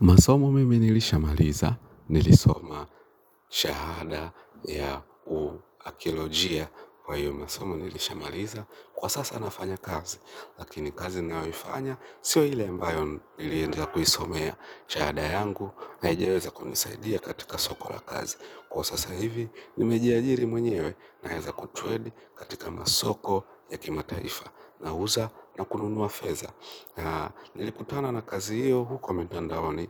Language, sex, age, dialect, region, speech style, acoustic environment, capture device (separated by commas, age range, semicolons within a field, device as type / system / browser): Swahili, male, 25-34, Kenya - Nairobi Sheng-influenced, Kenya, relaxed, nature, mobile / Linux / Chrome